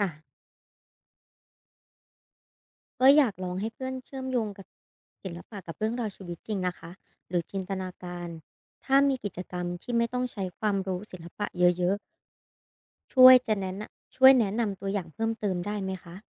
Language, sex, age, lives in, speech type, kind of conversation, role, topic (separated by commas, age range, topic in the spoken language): Thai, female, 25-29, Thailand, read, expert-assistant, asker, ถ้ามีเพื่อนที่ไม่ค่อยสนใจศิลปะ เราจะชวนเขาพูดคุยหรือวิจารณ์งานศิลป์ด้วยวิธีใหม่ๆ แบบไหนได้บ้าง?